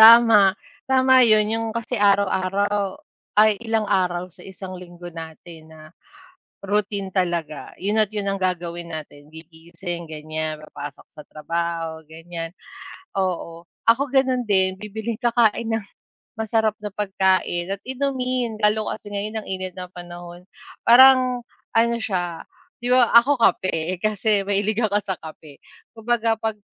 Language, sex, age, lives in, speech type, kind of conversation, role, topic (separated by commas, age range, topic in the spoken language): Filipino, female, 35-39, Philippines, conversation, unstructured, none, Ano ang ginagawa mo para maging masaya sa trabaho?